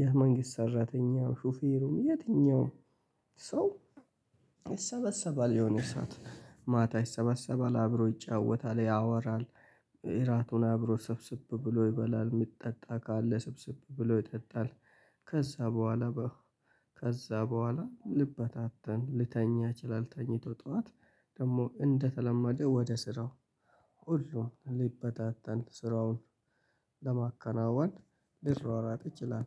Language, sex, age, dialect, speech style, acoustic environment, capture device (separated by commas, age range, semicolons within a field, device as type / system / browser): Amharic, male, 25-34, Ethiopia - Addis Ababa, happy; excited; relaxed, people talking; nature, mobile / Linux / Chrome